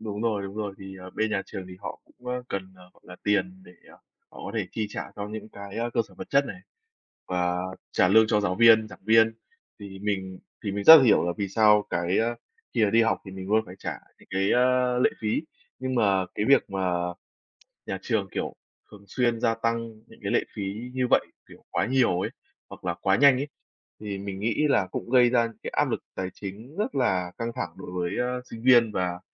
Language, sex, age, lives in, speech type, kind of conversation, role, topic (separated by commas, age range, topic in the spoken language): Vietnamese, male, 20-24, Vietnam, conversation, unstructured, none, Tại sao nhiều người phản đối việc tăng học phí đại học?